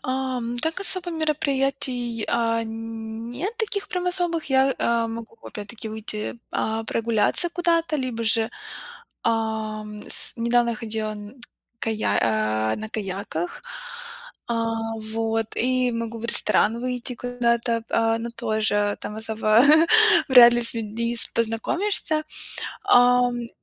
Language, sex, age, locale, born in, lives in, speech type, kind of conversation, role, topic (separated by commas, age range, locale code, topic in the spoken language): Russian, female, 25-29, ru-RU, Ukraine, United States, conversation, podcast, guest, Как справиться с одиночеством в большом городе?